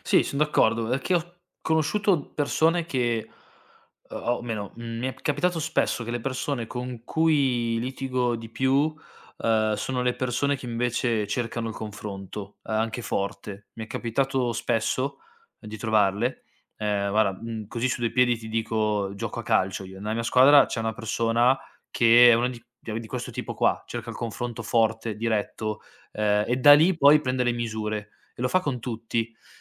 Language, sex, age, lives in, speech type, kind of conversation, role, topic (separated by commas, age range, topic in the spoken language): Italian, male, 25-29, Italy, conversation, podcast, guest, Come risolvi i malintesi sul lavoro?